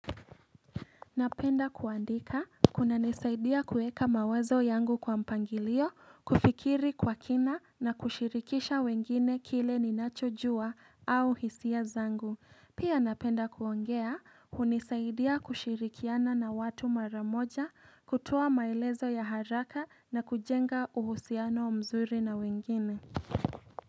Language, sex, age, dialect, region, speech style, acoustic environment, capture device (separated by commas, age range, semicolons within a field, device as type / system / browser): Swahili, female, 18-24, Kenya - Nairobi Sheng-influenced, Kenya, happy; excited; relaxed, appliances, mobile / Linux / Chrome